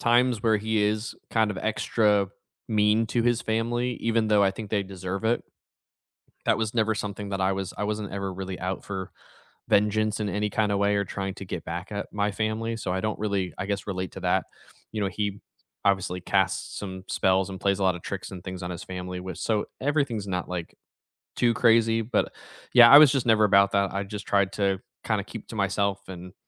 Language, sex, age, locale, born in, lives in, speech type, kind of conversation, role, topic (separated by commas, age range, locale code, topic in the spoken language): English, male, 30-34, en-US, United States, United States, conversation, unstructured, none, Which character from a movie, TV show, or book did you relate to more than you expected, and why?